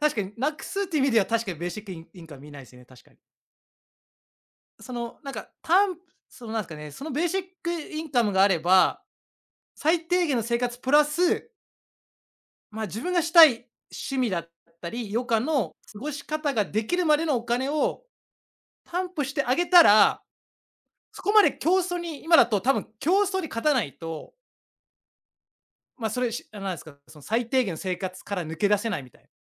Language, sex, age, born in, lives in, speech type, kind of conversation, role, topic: Japanese, male, 35-39, Japan, Japan, conversation, unstructured, none, ニュースを見て、将来のことについて考えたことはありますか？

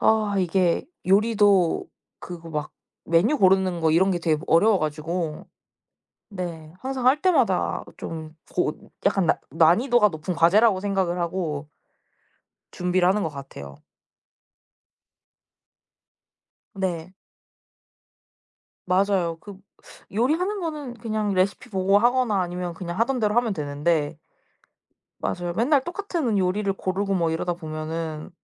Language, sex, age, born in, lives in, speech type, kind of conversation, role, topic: Korean, female, 20-24, South Korea, Japan, conversation, unstructured, none, 요리할 때 가장 즐거운 순간은 언제인가요?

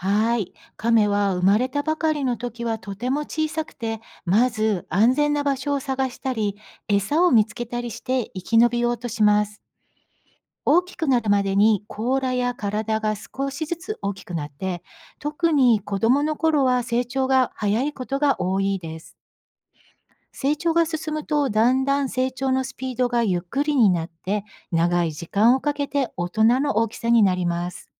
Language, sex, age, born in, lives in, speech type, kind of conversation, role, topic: Japanese, female, 55-59, Japan, United States, read, expert-assistant, expert, なぜカメは長生きすると言われているのですか？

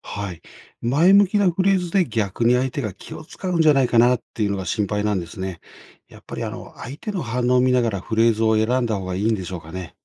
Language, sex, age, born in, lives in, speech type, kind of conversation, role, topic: Japanese, male, 55-59, Japan, Japan, read, expert-assistant, asker, 意見が違う人と円滑に会話するには、どんなテクニックが役立ちますか？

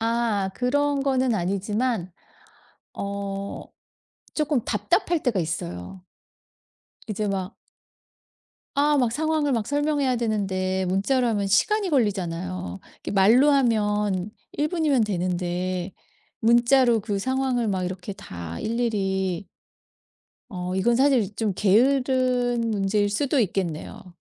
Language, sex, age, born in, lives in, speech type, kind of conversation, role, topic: Korean, female, 50-54, South Korea, United States, conversation, podcast, guest, 전화와 문자 중 어떤 연락 방식을 더 선호하시며, 그 이유는 무엇인가요?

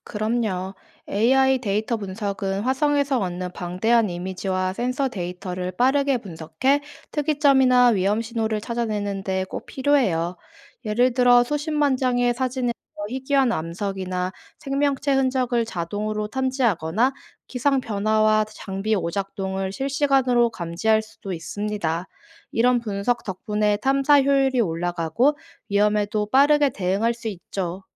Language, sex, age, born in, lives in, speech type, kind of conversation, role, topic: Korean, female, 25-29, South Korea, South Korea, read, expert-assistant, expert, 우주 탐사의 미래에는 어떤 새로운 방식이나 기술들이 등장할 수 있을까요?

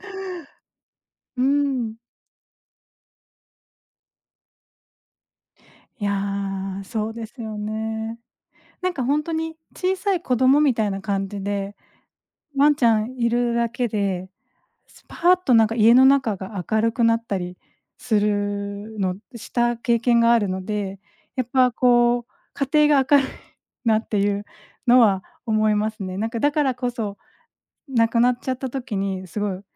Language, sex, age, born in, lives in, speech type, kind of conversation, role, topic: Japanese, female, 40-44, Japan, Japan, conversation, unstructured, none, ペットを飼うと生活はどう変わりますか？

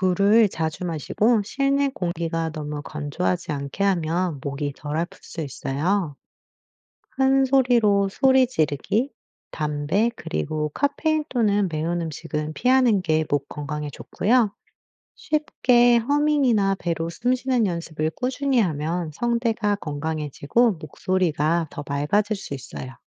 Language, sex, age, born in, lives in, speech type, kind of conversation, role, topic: Korean, female, 35-39, United States, United States, read, expert-assistant, expert, 왜 사람의 목소리는 다 다르게 들리나요?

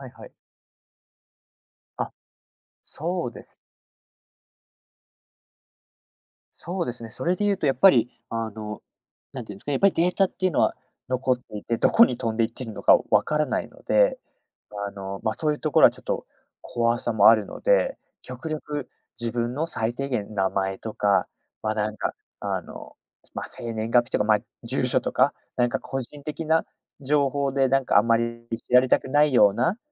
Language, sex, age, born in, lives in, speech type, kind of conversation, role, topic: Japanese, male, 20-24, United States, Japan, conversation, podcast, guest, AIが生活に広く普及したら、私たちの日常はどのように変わるのでしょうか？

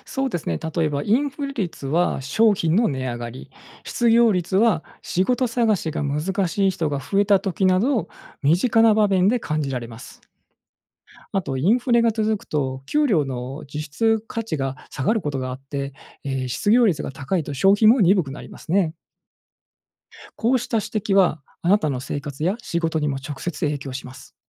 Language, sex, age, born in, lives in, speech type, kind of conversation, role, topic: Japanese, male, 45-49, Japan, Japan, read, expert-assistant, expert, 世界の経済ニュースを分かりやすく理解するには、どんな情報源を使うのが良いですか？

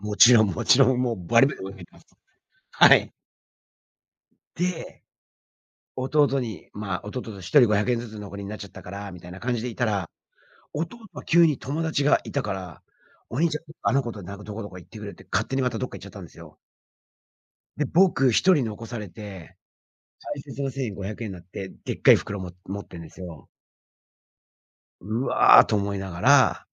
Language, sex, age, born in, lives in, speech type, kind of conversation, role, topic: Japanese, male, 45-49, Japan, United States, conversation, podcast, guest, 忘れられないお祭りの思い出はありますか？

